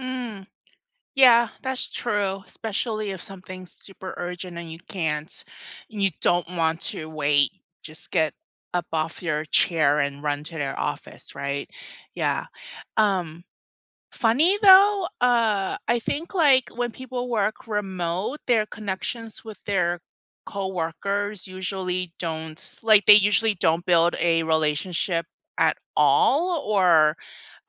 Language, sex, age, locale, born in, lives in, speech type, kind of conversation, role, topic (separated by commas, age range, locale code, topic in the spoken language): English, female, 45-49, en-US, United States, United States, conversation, unstructured, none, Which work setup—remote, hybrid, or on-site—fits you best, and how does it shape your day and relationships?